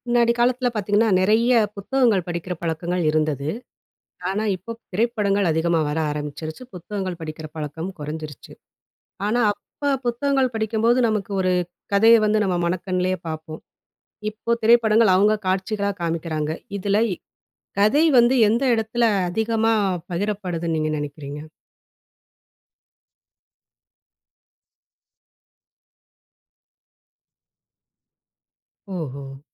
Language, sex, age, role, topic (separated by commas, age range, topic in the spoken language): Tamil, female, 40-44, host, கதை உணர்வை அதிகமாகத் தருவது புத்தகமா, திரைப்படமா?